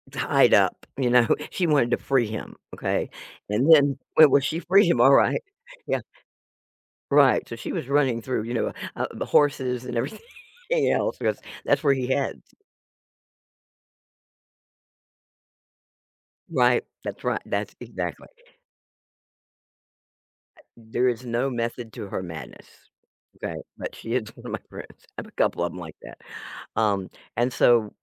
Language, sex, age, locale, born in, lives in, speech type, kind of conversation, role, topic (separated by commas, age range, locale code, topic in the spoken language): English, female, 65-69, en-US, United States, United States, conversation, advice, user, How can I manage work and family demands without burning out?